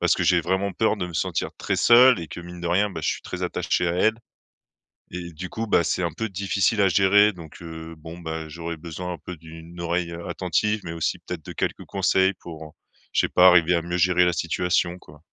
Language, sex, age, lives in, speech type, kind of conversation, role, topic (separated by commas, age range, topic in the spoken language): French, male, 30-34, France, conversation, advice, user, Comment décririez-vous votre sentiment de solitude et votre peur d’être seul ?